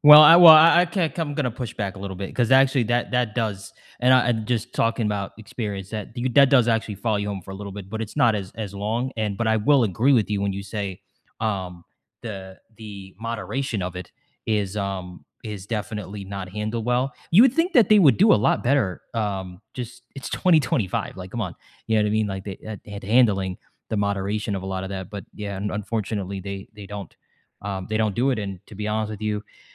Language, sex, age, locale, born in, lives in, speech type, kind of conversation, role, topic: English, male, 25-29, en-US, United States, United States, conversation, unstructured, none, How has the rise of social media changed the way young people experience bullying?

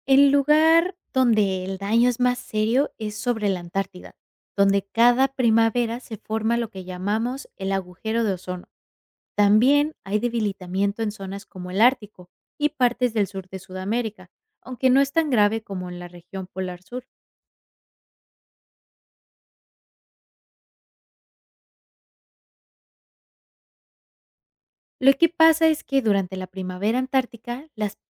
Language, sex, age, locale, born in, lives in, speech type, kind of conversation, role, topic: Spanish, female, 25-29, es-MX, Mexico, United States, read, expert-assistant, expert, ¿Por qué la capa de ozono es esencial para la vida en la Tierra?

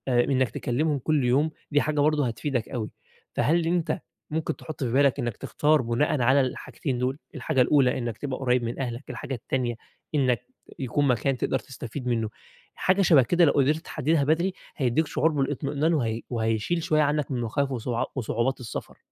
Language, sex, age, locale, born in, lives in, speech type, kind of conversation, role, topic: Arabic, male, 20-24, ar-EG, Egypt, Egypt, conversation, advice, advisor, إزاي أتعامل مع خوفي وقلقي وأنا بسافر وبستكشف أماكن جديدة؟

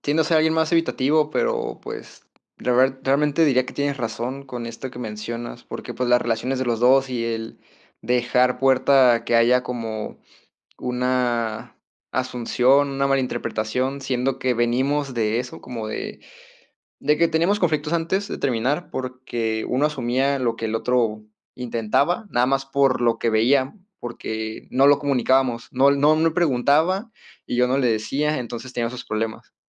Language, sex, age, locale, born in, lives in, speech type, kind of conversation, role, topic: Spanish, male, 20-24, es-MX, Mexico, Mexico, conversation, advice, user, ¿Cómo puedo manejar la inseguridad al interactuar con mi pareja en público?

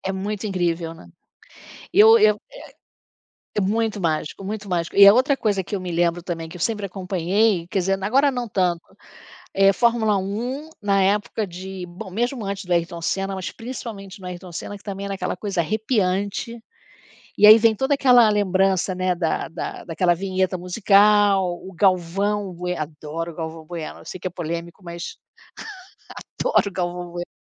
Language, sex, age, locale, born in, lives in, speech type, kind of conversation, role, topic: Portuguese, female, 60-64, pt-BR, Brazil, United States, conversation, unstructured, none, Qual é sua lembrança favorita relacionada a esportes?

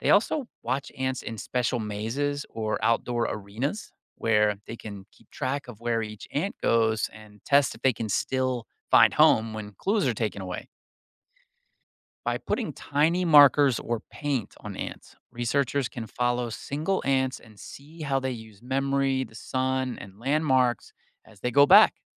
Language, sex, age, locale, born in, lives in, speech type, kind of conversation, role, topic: English, male, 45-49, en-US, United States, United States, read, expert-assistant, expert, How do ants navigate back to their nest?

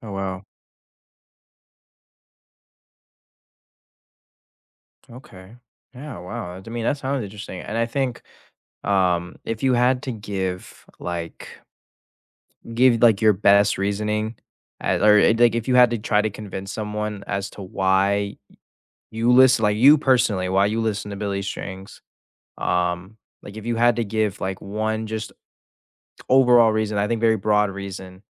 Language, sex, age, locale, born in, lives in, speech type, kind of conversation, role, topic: English, male, 18-19, en-US, United States, United States, conversation, unstructured, none, Which new artists or creators are you excited to follow this year?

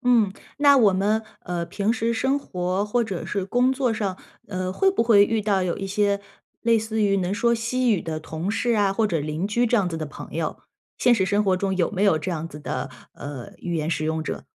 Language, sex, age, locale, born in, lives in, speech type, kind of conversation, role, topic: Chinese, female, 35-39, zh-CN, China, United States, conversation, advice, advisor, 我怎样才能整理并重启那些搁置的项目？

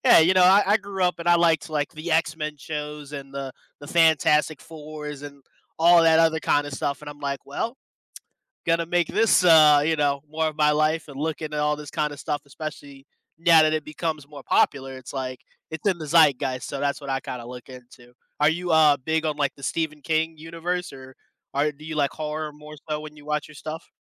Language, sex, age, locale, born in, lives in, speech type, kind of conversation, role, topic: English, male, 25-29, en-US, United States, United States, conversation, unstructured, none, Which do you prefer, reading a book or watching a movie, and why?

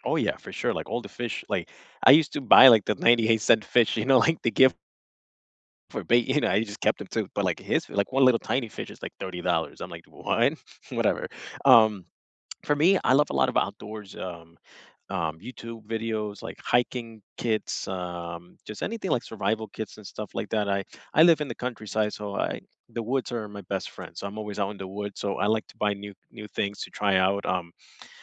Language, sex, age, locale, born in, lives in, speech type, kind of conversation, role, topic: English, male, 25-29, en-US, United States, United States, conversation, unstructured, none, Which hobbies have you tried after discovering them on YouTube, TikTok, or podcasts, and what kept you going?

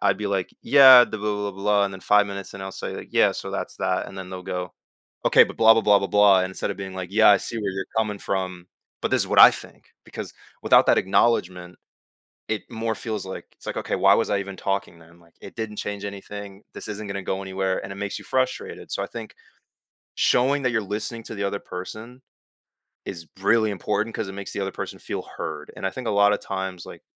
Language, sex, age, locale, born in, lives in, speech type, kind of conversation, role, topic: English, male, 18-19, en-US, United States, United States, conversation, podcast, guest, How can effective communication help people work through disagreements?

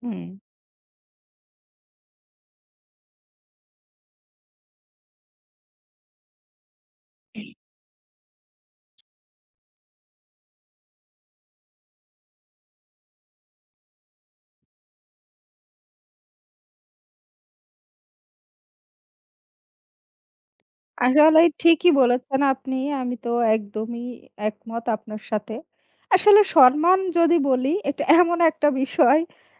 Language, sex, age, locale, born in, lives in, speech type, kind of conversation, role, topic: Bengali, female, 35-39, bn-BD, Bangladesh, Bangladesh, conversation, unstructured, none, কীভাবে অন্যদের প্রতি সম্মান দেখানো যায়?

